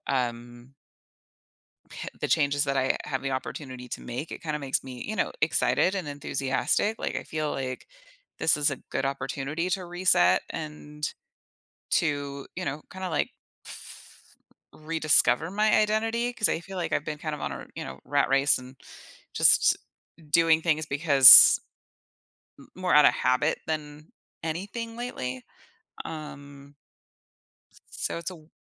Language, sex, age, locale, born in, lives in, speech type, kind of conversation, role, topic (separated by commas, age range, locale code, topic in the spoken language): English, female, 35-39, en-US, United States, United States, conversation, advice, user, How can I rediscover myself after a major life change?